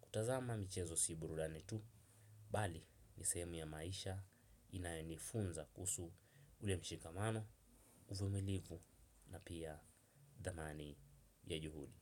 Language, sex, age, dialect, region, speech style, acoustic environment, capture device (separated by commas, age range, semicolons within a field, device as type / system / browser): Swahili, male, 18-24, Kenya - Mombasa, Kenya, nervous, nature, desktop / Windows / Chrome